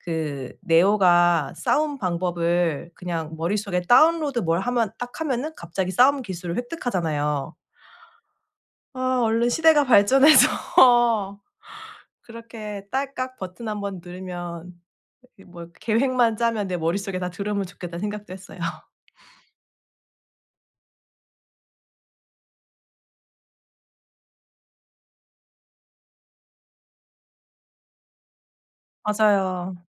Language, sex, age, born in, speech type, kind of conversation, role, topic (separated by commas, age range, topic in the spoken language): Korean, female, 35-39, South Korea, conversation, advice, user, 결정한 일에 더 오래 전념하려면 어떻게 해야 할까요?